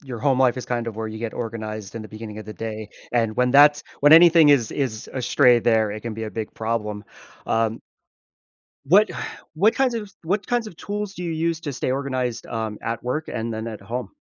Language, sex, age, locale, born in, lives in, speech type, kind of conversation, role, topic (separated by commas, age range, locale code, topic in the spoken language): English, male, 30-34, en-US, United States, United States, conversation, advice, advisor, How can I balance work and home responsibilities without feeling overwhelmed?